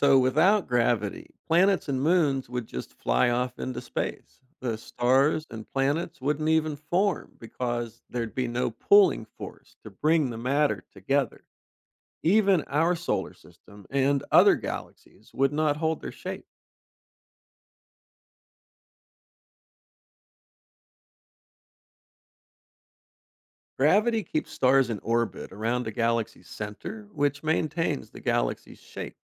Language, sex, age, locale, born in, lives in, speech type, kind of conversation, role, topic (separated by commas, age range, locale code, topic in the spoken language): English, male, 50-54, en-US, United States, United States, read, expert-assistant, expert, How does gravity affect objects in space?